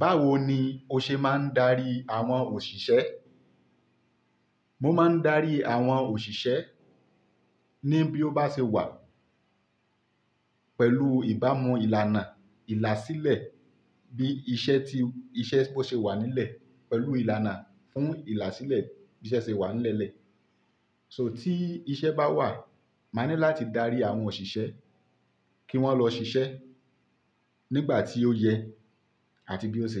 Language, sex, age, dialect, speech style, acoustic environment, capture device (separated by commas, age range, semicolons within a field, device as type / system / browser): Yoruba, male, 25-34, Nigeria - Ibadan, happy; focused; relaxed, appliances, mobile / Linux / Chrome